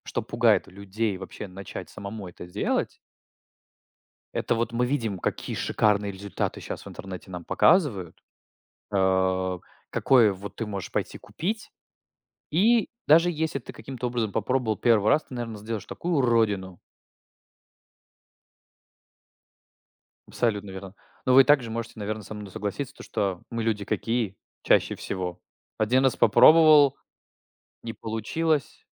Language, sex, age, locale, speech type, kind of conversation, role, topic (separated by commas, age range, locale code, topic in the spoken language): Russian, male, 20-24, ru-RU, conversation, unstructured, none, Как сделать домашний хлеб вкуснее и полезнее?